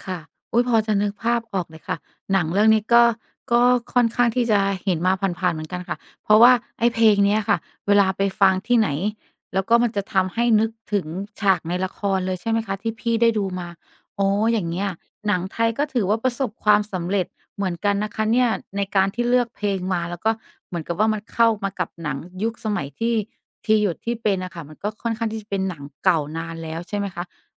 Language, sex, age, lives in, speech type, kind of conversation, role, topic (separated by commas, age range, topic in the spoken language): Thai, female, 35-39, Thailand, conversation, podcast, host, ดนตรีประกอบส่งผลต่อความรู้สึกของผู้ชมในภาพยนตร์อย่างไร?